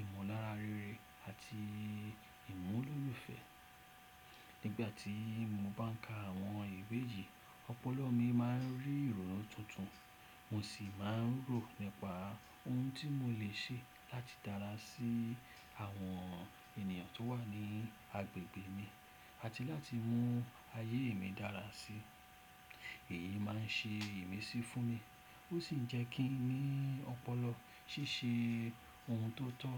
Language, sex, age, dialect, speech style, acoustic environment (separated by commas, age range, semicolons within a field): Yoruba, male, 35-44, Nigeria - Lagos Yoruba, focused; excited; happy; relaxed, silence